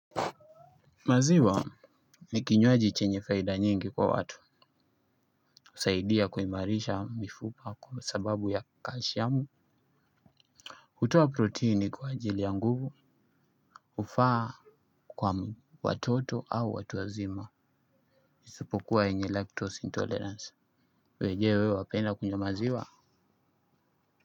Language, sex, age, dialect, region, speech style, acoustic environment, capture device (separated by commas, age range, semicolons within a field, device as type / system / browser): Swahili, male, 25-34, Kenya - Mombasa, Kenya, anxious; happy; excited; stressed; focused, nature; music; people talking; appliances, desktop / Linux / Chrome